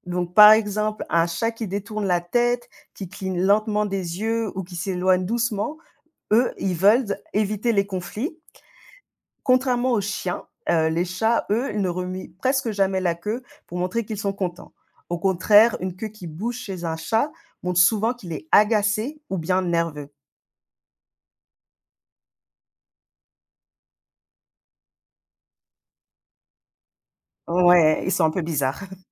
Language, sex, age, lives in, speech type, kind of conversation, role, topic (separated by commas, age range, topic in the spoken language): French, female, 35-39, Spain, read, expert-assistant, expert, Pourquoi les chiens remuent-ils la queue ?